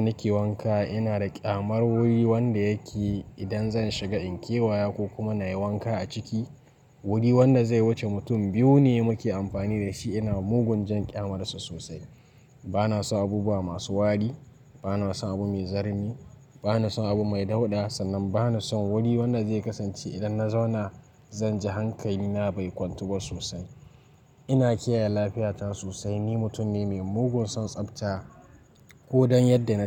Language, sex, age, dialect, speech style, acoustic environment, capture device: Hausa, male, 18-24, Nigeria - Nigerian Standard, relaxed; focused, nature, mobile / Linux / Chrome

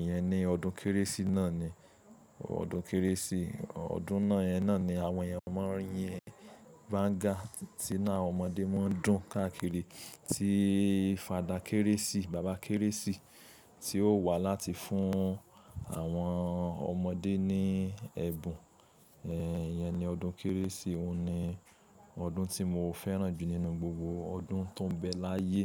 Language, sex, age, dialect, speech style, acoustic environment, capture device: Yoruba, male, 25-34, Nigeria - Lagos Yoruba, happy, nature, mobile / Linux / Chrome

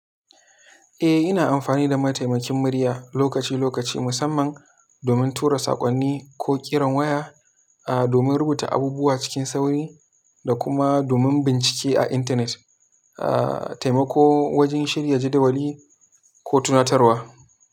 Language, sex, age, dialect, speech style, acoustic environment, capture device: Hausa, male, 18-24, Nigeria - Kano, happy, silence, mobile / Linux / Chrome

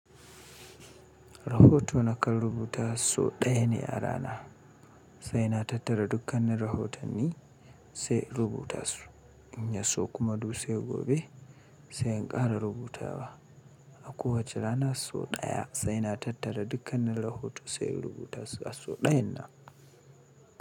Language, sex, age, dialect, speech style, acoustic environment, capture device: Hausa, male, 35-44, Nigeria - Kano, happy, people talking, mobile / Linux / Chrome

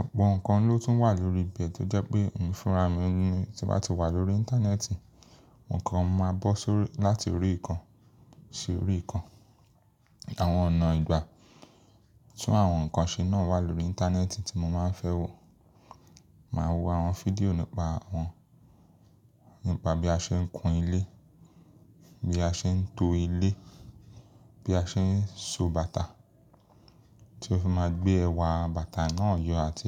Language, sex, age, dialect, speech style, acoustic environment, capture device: Yoruba, male, 25-34, Nigeria - Lagos Yoruba, relaxed, people talking, mobile / Linux / Chrome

